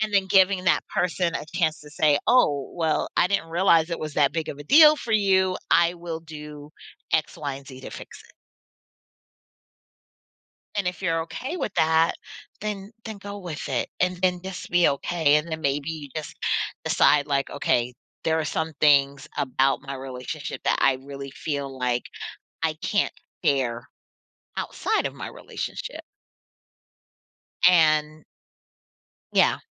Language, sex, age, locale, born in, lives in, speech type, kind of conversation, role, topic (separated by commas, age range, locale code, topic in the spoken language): English, female, 40-44, en-US, United States, United States, conversation, advice, advisor, How can I bring up a partner's hygiene kindly?